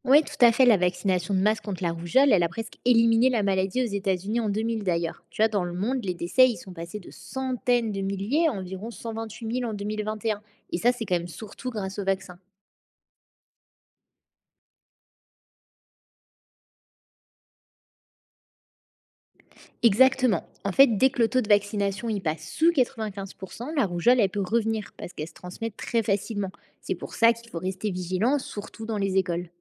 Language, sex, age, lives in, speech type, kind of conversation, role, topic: French, female, 20-24, France, read, expert-assistant, expert, Comment la vaccination aide-t-elle à protéger toute une communauté, pas seulement les individus ?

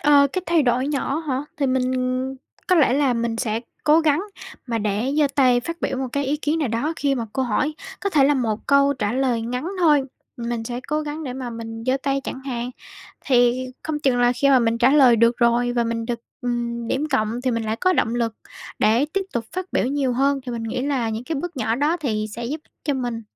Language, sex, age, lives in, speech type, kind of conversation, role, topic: Vietnamese, female, 18-19, Vietnam, conversation, advice, user, Làm sao để bớt ngại lên tiếng trong cuộc họp hoặc lớp học?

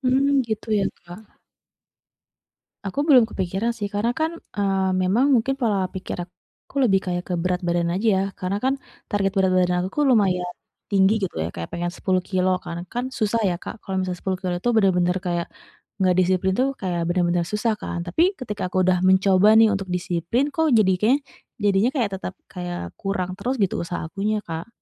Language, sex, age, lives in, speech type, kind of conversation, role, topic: Indonesian, female, 35-39, Indonesia, conversation, advice, user, Bagaimana cara melacak kemajuan tujuan saya secara konsisten tanpa kehilangan motivasi?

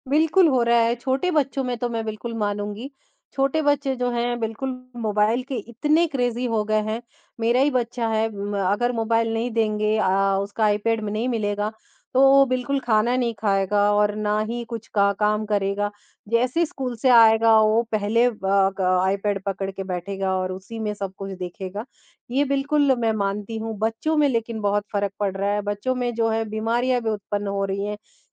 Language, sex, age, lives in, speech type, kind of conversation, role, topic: Hindi, male, 50-54, India, conversation, podcast, guest, क्या सोशल मीडिया लोगों को ज़िम्मेदारी के साथ जोड़ता है या उन्हें अलग कर देता है?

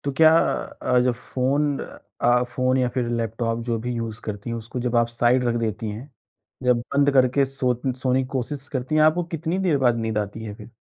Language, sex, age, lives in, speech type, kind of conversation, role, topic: Hindi, male, 20-24, India, conversation, advice, advisor, मैं रात को जल्दी और चिंता‑मुक्त सोने के लिए एक शांत सोने की दिनचर्या कैसे बना सकता/सकती हूँ?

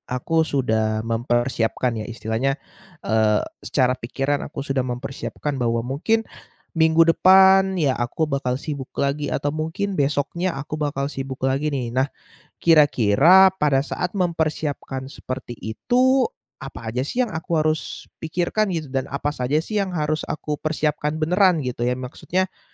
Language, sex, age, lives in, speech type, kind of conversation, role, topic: Indonesian, male, 20-24, Indonesia, conversation, advice, user, Bagaimana pengalaman Anda menghadapi kelelahan mental setelah bekerja dengan fokus tinggi dalam waktu lama?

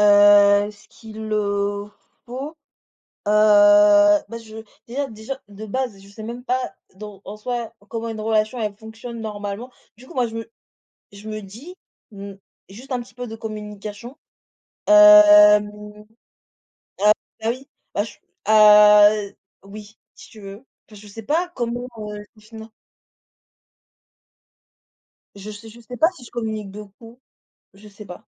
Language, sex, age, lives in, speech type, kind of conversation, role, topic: French, female, 20-24, France, conversation, unstructured, none, Qu’est-ce qui te semble essentiel pour qu’une relation dure ?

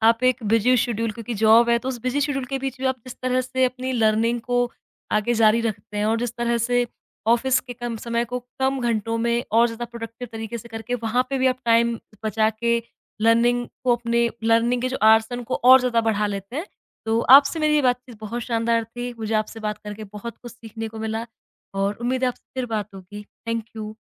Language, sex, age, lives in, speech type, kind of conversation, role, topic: Hindi, female, 25-29, India, conversation, podcast, host, Job aur padhai ke beech tum time kaise nikaalte ho?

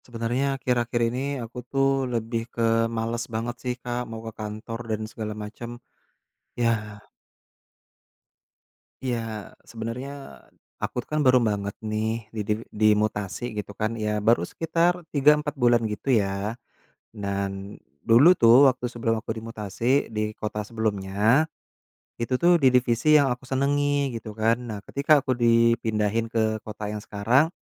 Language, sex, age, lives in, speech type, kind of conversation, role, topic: Indonesian, male, 30-34, Indonesia, conversation, advice, user, Bagaimana cara memilih karier baru setelah merasa tidak puas dengan pekerjaan saat ini?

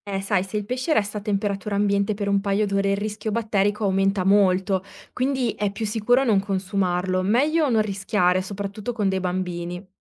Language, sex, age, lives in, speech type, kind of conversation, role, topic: Italian, female, 30-34, Italy, read, expert-assistant, expert, Quando faccio la spesa, quali aspetti sulla sicurezza degli alimenti dovrei considerare come più importanti?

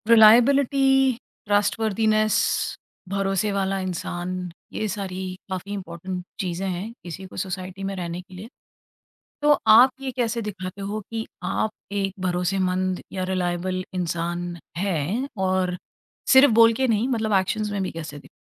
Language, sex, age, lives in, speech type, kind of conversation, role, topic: Hindi, female, 45-49, India, conversation, podcast, host, Aap kaise dikhate ho ki aap bharosemand ho, sirf bolne se nahi?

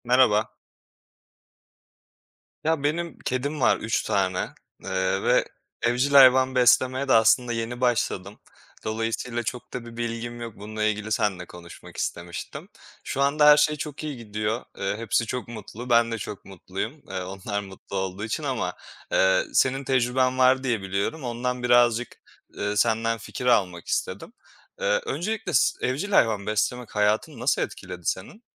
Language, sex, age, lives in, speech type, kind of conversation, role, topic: Turkish, male, 25-29, Poland, conversation, unstructured, none, Evcil hayvan beslemek hayatınızı nasıl etkiledi?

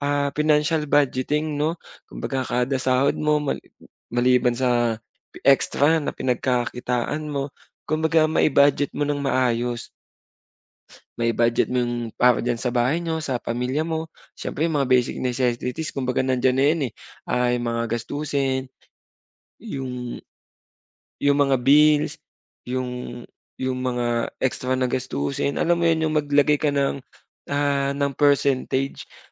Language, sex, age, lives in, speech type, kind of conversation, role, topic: Filipino, male, 25-29, Philippines, conversation, advice, advisor, Paano ko mababalanse ang kasiyahan ngayon at seguridad sa hinaharap?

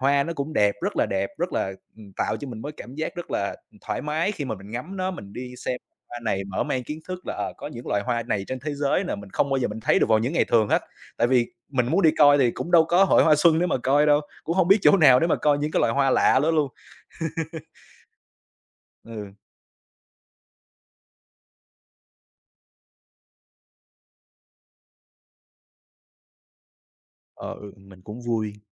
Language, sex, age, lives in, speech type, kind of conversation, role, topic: Vietnamese, male, 25-29, Vietnam, conversation, unstructured, none, Bạn thích tham gia lễ hội nào nhất trong năm?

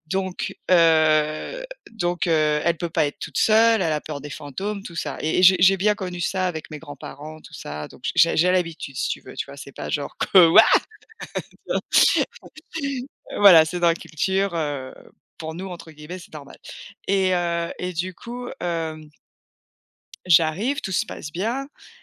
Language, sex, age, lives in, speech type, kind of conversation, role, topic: French, female, 40-44, United States, conversation, advice, user, Comment puis-je fixer des limites avec ma famille ou mes amis ?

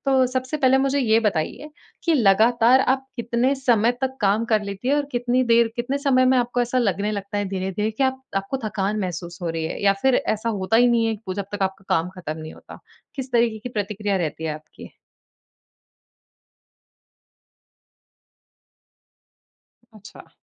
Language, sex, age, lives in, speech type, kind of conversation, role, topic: Hindi, female, 20-24, India, conversation, advice, advisor, ब्रेक लेकर मैं अपनी ऊर्जा और एकाग्रता कैसे सुधार सकता हूँ?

